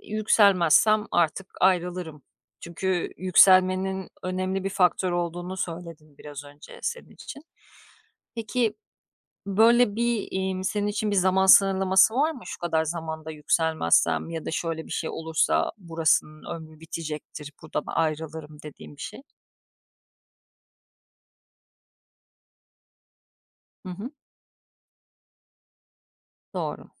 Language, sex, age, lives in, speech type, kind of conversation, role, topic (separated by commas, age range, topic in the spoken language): Turkish, female, 35-39, Greece, conversation, podcast, host, İşten ayrılma zamanının geldiğini nasıl anlarsın?